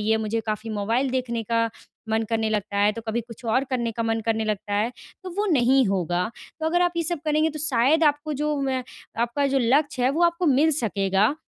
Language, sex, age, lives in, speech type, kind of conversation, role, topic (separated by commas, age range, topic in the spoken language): Hindi, female, 20-24, India, conversation, advice, advisor, मैं अपनी आदतें बदलने के लिए स्व-निरीक्षण कैसे करूँ?